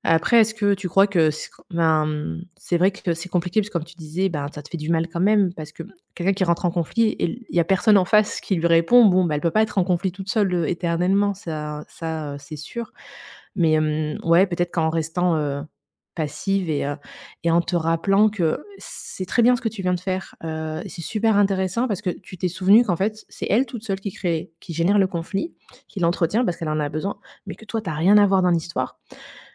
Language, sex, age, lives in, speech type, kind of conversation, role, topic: French, female, 35-39, Germany, conversation, advice, advisor, Qu’attendez-vous de votre participation à des réunions familiales malgré un refus ou un conflit ?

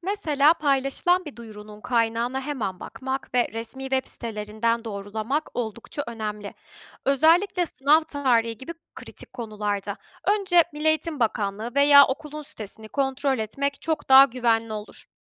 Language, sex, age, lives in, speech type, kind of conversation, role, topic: Turkish, female, 25-29, Germany, read, expert-assistant, expert, Eğitim politikaları hakkında güvenilir bilgiye ulaşmak isteyen biri nereden başlamalı?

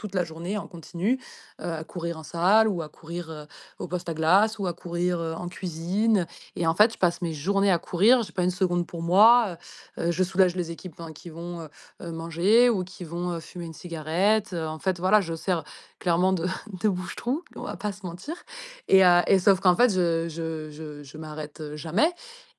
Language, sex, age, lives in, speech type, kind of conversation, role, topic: French, female, 30-34, France, conversation, advice, user, Pourquoi est-ce que j’hésite à remplacer une mauvaise habitude par une meilleure ?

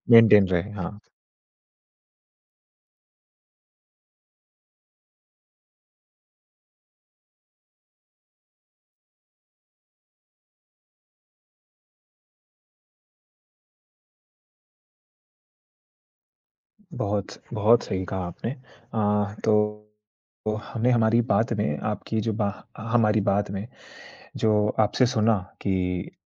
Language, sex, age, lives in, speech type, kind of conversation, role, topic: Hindi, male, 20-24, India, conversation, podcast, host, Social media akelaapan kam karta hai ya badhata hai?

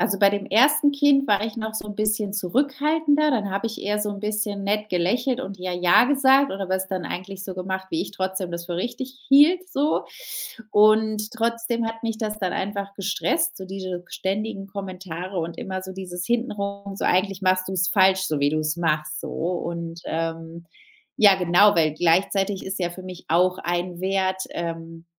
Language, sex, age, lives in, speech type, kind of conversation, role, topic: German, female, 35-39, Spain, conversation, podcast, guest, Wie gehst du mit Generationenkonflikten um?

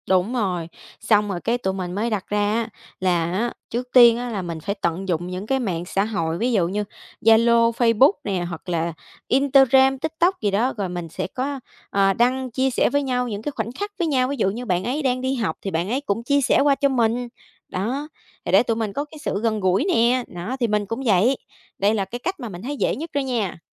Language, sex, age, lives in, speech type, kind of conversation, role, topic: Vietnamese, female, 25-29, Vietnam, conversation, podcast, guest, Làm sao để giữ liên lạc với bạn bè lâu năm nhỉ?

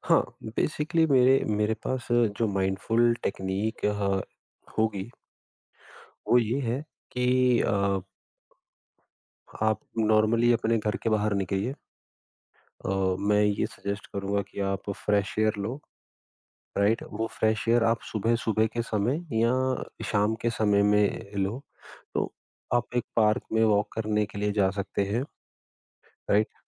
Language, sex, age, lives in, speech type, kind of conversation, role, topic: Hindi, female, 55-59, India, conversation, podcast, guest, Batao, ek chhota sa outdoor mindfulness routine kya ho sakta hai?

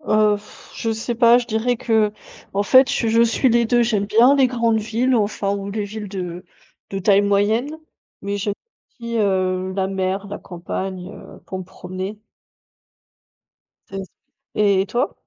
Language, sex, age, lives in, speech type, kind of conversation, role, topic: French, female, 30-34, Germany, conversation, unstructured, none, Comment décidez-vous de passer votre week-end en ville ou à la campagne ?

